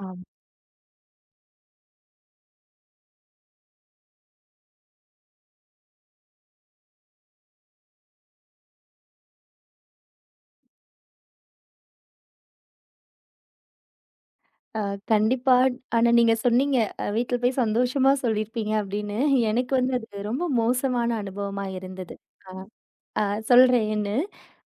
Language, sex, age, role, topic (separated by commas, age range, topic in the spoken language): Tamil, female, 30-34, guest, உங்களுடைய முதல் வேலை அனுபவம் எப்படி இருந்தது?